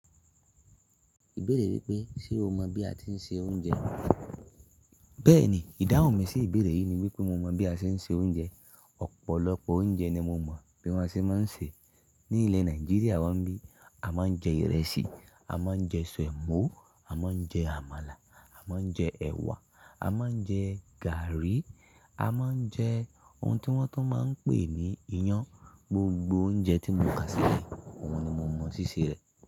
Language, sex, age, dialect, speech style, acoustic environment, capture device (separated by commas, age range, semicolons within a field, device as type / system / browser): Yoruba, male, 25-34, Nigeria - Ibadan, excited; relaxed; happy, silence; nature, mobile / Linux / Chrome